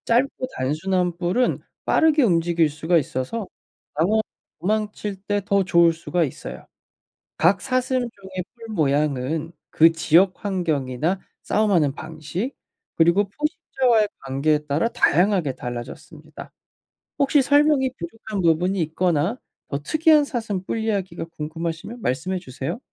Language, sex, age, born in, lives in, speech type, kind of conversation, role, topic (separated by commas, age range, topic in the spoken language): Korean, male, 25-29, South Korea, United States, read, expert-assistant, expert, 왜 사슴은 뿔이 있나요?